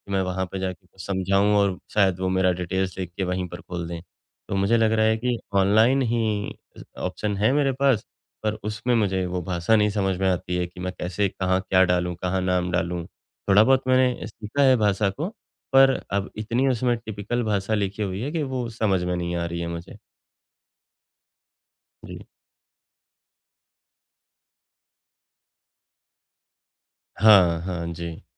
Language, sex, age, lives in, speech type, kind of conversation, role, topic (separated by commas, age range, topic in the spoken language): Hindi, male, 35-39, India, conversation, advice, user, मैं विदेश में कर, बैंक और वित्तीय व्यवस्थाओं को कैसे समझूँ?